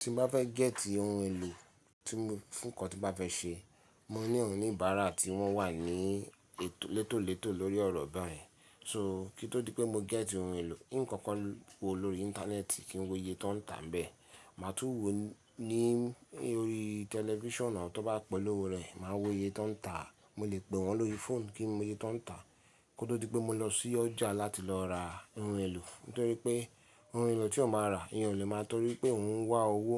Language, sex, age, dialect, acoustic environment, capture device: Yoruba, male, 35-44, Nigeria - Lagos Yoruba, silence, mobile / Linux / Chrome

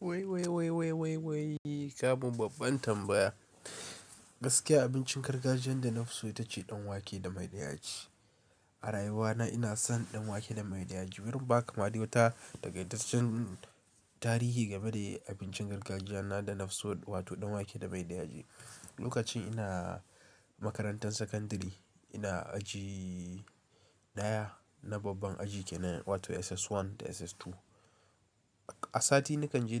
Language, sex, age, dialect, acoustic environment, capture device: Hausa, male, 18-24, Nigeria - Kano, appliances; nature, mobile / Linux / Chrome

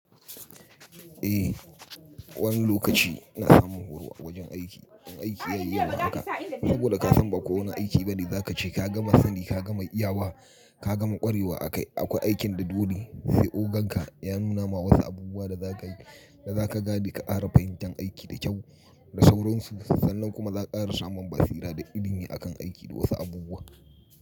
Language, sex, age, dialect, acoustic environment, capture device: Hausa, male, 35-44, Nigeria - Kano, silence; people talking; traffic, mobile / Linux / Chrome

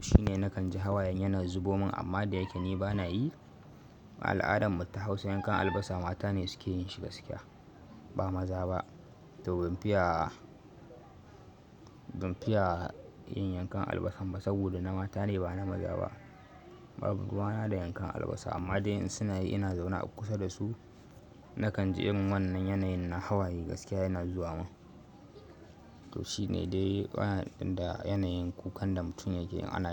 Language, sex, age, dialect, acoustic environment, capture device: Hausa, male, 18-24, Nigeria - Kano, nature, mobile / Linux / Chrome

